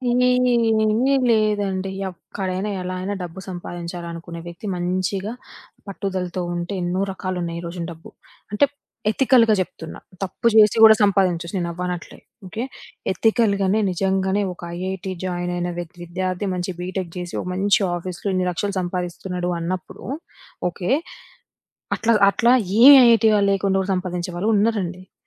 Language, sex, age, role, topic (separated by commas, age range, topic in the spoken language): Telugu, female, 25-29, guest, ఆర్థిక ఇబ్బందులు ఉన్న విద్యార్థులకు ప్రభావవంతంగా సహాయం ఎలా అందించాలి?